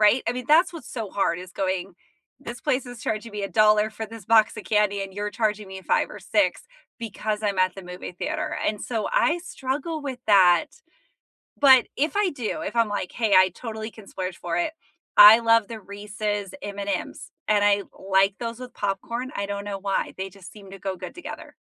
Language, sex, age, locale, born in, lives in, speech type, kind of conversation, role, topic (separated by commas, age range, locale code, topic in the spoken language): English, female, 35-39, en-US, United States, United States, conversation, unstructured, none, What are your go-to movie snacks, and what is your ultimate movie theater food combo?